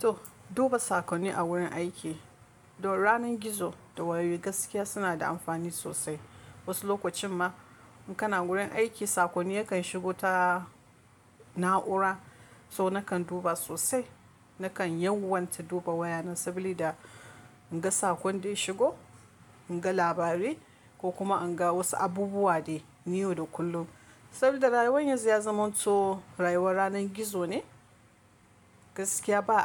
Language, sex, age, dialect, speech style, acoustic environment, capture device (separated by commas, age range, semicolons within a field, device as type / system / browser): Hausa, female, 25-34, Nigeria - Kano, relaxed; focused, nature; silence, mobile / Linux / Chrome